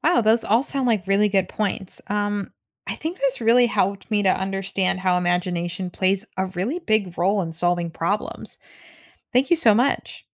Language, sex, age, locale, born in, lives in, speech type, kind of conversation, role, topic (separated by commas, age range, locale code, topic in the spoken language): English, female, 25-29, en-US, United States, United States, read, expert-assistant, asker, What role does imagination play in solving problems?